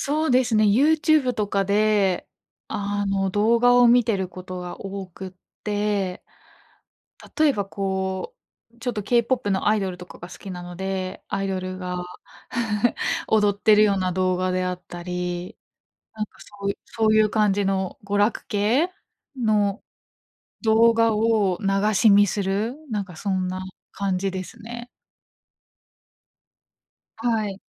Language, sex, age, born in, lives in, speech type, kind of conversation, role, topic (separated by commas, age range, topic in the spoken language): Japanese, female, 25-29, Japan, Japan, conversation, advice, user, 夜遅くまでスマホを見てしまい、睡眠不足が続いているのですが、どうすれば改善できますか？